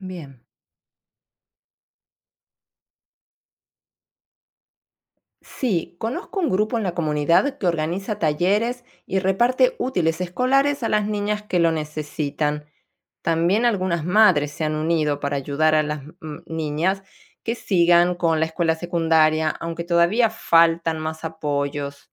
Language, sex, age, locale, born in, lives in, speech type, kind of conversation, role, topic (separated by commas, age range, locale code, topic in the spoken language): Spanish, female, 45-49, es-AR, Argentina, Italy, read, expert-assistant, asker, ¿Por qué es importante la igualdad de género en la educación?